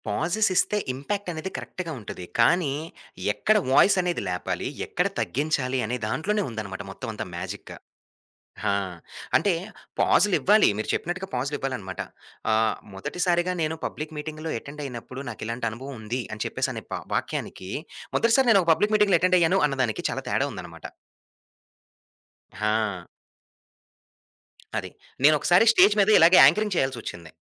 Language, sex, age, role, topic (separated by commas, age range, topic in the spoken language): Telugu, male, 25-29, guest, మీరు పబ్లిక్‌గా మాట్లాడే భయాన్ని ఎలా అధిగమించారో మీ కథ చెప్పగలరా?